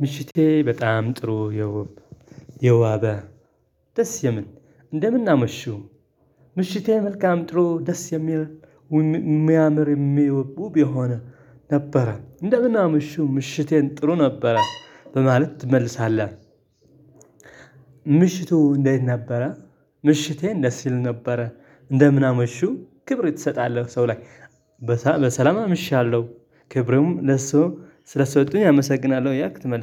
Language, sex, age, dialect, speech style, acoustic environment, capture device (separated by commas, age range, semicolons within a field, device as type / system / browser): Amharic, male, 25-34, Ethiopia - Tigray, relaxed, silence, desktop / Linux / Chrome